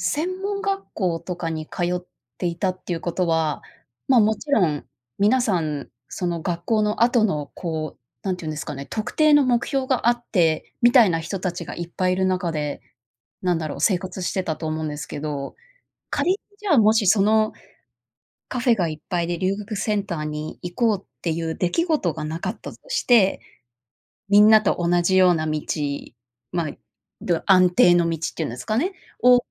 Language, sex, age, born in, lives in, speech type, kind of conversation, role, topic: Japanese, female, 30-34, Japan, United States, conversation, podcast, host, 情熱と安定のどちらを選びますか？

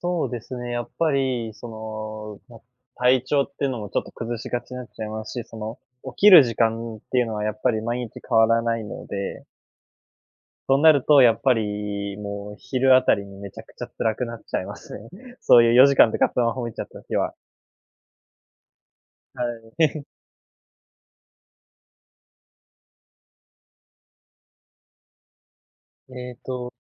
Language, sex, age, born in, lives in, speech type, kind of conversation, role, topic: Japanese, male, 20-24, Japan, Japan, conversation, advice, user, 寝る前についスマートフォンを見てしまって睡眠時間が短くなるのはなぜですか？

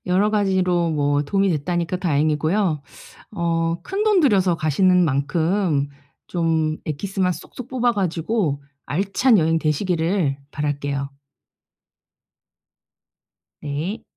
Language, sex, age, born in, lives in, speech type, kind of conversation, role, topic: Korean, female, 45-49, South Korea, South Korea, conversation, advice, advisor, 예산 안에서 즐거운 여행을 알뜰하게 계획하려면 어떻게 해야 하나요?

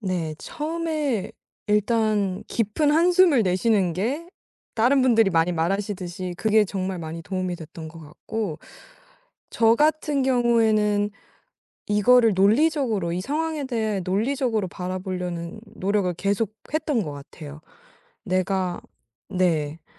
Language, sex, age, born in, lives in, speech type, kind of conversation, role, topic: Korean, female, 18-19, South Korea, United States, conversation, podcast, guest, 스트레스가 쌓일 때 우리 몸은 어떤 신호를 보내나요?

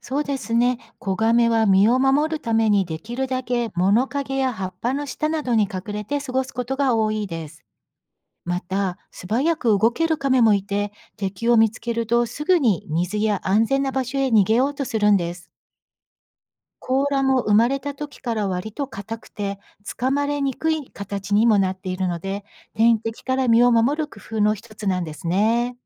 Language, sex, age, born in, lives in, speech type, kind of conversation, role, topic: Japanese, female, 55-59, Japan, United States, read, expert-assistant, expert, なぜカメは長生きすると言われているのですか？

